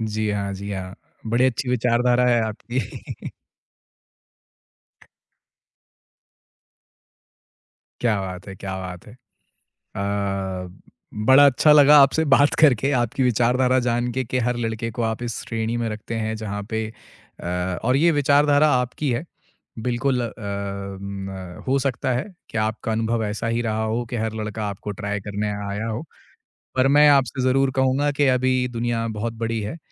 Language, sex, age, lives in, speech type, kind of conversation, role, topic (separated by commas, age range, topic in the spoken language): Hindi, male, 25-29, India, conversation, podcast, host, Weekend ko proper rest dene ke liye boundaries kaise set karte ho?